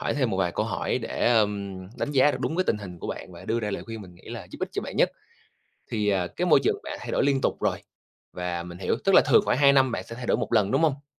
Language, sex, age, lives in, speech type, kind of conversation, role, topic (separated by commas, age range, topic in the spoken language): Vietnamese, male, 25-29, Vietnam, conversation, advice, advisor, Làm thế nào để kết bạn mới trong môi trường mới khi bạn thấy khó xây dựng sự thân thiết?